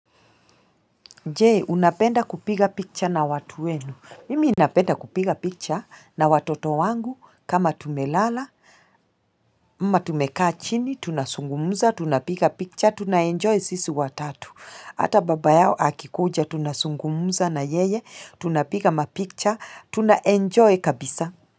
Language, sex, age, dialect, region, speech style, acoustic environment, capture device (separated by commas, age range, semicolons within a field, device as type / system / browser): Swahili, female, 35-44, Kenya - Mombasa, Kenya, relaxed, people talking, mobile / Linux / Chrome